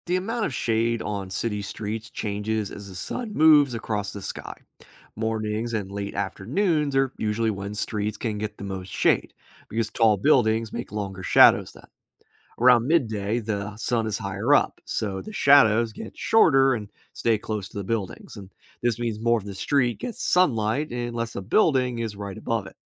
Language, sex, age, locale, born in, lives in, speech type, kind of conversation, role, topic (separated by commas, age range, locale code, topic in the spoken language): English, male, 30-34, en-US, United States, United States, read, expert-assistant, expert, Why do our shadows change size during the day?